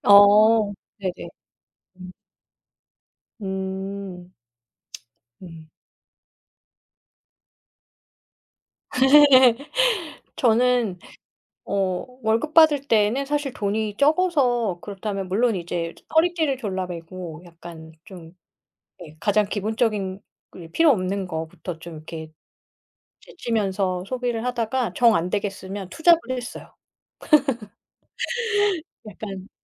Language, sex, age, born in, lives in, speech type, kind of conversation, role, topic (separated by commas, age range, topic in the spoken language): Korean, female, 45-49, South Korea, France, conversation, unstructured, none, 월급이 적어도 잘 살 수 있는 방법이 있을까요?